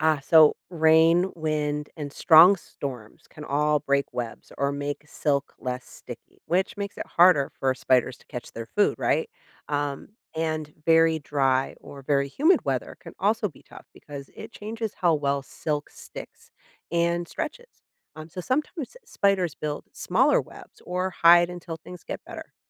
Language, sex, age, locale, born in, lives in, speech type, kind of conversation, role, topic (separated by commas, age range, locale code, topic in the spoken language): English, female, 40-44, en-US, United States, United States, read, expert-assistant, expert, How do spiders build and maintain their webs?